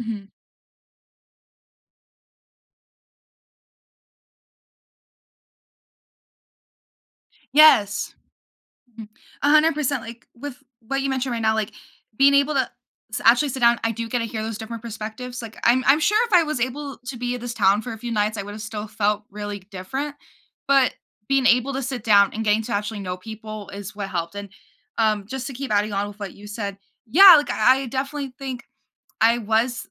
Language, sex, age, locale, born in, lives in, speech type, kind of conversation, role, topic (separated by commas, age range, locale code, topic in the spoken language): English, female, 18-19, en-US, United States, United States, conversation, podcast, guest, How can a single experience transform your perspective on life?